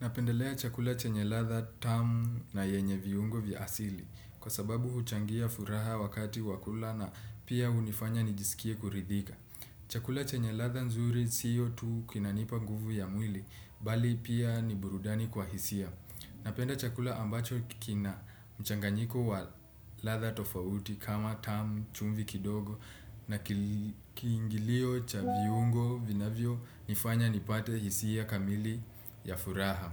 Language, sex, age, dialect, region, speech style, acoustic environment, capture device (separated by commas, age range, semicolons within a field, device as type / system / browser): Swahili, male, 25-34, Kenya - Nairobi Sheng-influenced, Kenya, relaxed, silence, desktop / Windows / Chrome